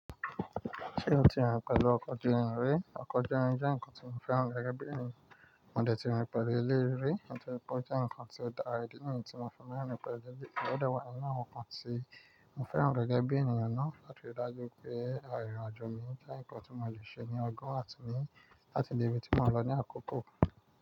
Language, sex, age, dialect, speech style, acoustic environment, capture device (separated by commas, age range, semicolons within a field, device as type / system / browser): Yoruba, male, 25-34, Nigeria - Nigerian Standard, relaxed, nature, mobile / Linux / Chrome